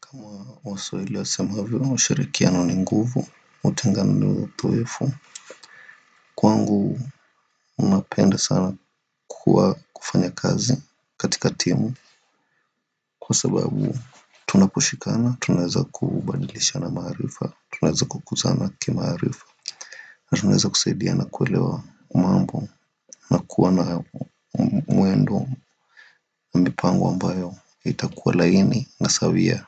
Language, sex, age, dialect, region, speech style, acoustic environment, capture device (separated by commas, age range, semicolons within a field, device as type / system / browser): Swahili, male, 25-34, Kenya - Nairobi Sheng-influenced, Kenya, relaxed, silence, desktop / Windows / Firefox